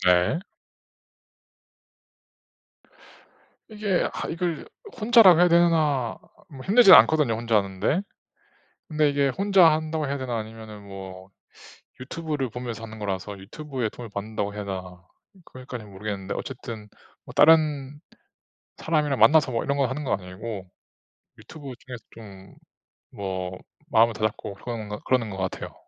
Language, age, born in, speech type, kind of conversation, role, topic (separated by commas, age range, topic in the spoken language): Korean, 30-34, South Korea, conversation, unstructured, none, 힘들 때 스스로를 어떻게 다독이나요?